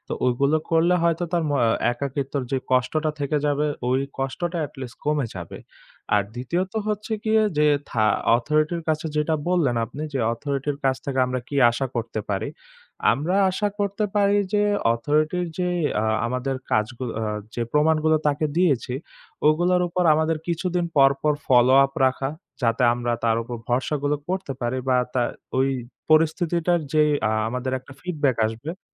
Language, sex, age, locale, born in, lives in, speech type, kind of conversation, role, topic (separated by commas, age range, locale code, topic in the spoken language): Bengali, male, 20-24, bn-BD, Bangladesh, Bangladesh, conversation, podcast, guest, অনলাইন স্ক্যামের শিকার হলে প্রথমে আপনি কী করবেন?